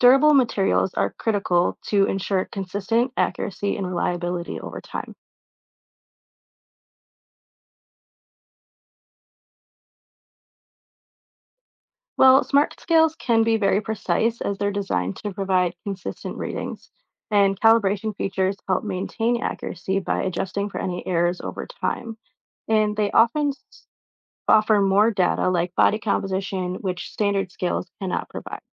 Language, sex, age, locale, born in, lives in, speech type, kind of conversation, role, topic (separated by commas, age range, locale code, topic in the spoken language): English, female, 25-29, en-US, United States, United States, read, expert-assistant, expert, How do smart scales measure weight?